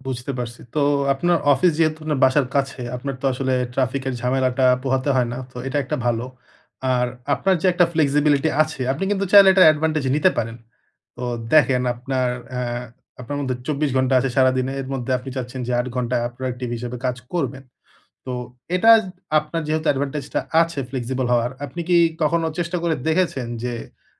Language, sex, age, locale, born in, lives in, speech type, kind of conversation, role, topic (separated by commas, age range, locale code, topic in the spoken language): Bengali, male, 30-34, bn-BD, Bangladesh, Bangladesh, conversation, advice, advisor, মুড বা অনুপ্রেরণার ওঠানামা হলে আমি কীভাবে আমার অভ্যাস ধরে রাখতে পারি?